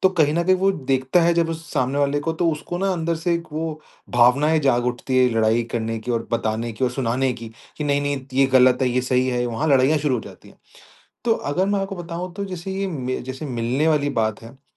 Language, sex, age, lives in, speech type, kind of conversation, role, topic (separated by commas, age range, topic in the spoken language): Hindi, male, 25-29, India, conversation, advice, user, पारिवारिक मिलन में बहस होने पर मैं शांतचित्त रहकर मेलजोल कैसे बनाए रखूँ?